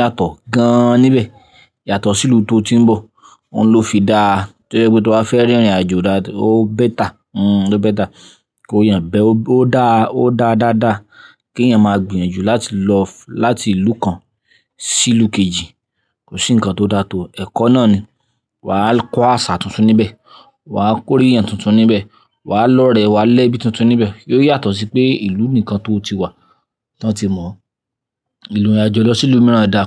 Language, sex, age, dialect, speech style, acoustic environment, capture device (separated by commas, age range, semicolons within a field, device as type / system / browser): Yoruba, male, 25-34, Nigeria - Ibadan, anxious; happy, people talking; nature, mobile / Linux / Chrome